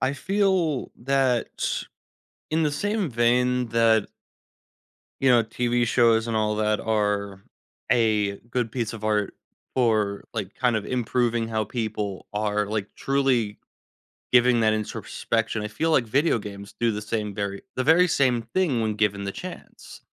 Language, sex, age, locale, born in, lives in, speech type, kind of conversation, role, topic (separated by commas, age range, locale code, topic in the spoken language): English, male, 20-24, en-US, United States, United States, conversation, podcast, guest, How does art help us understand different perspectives and experiences?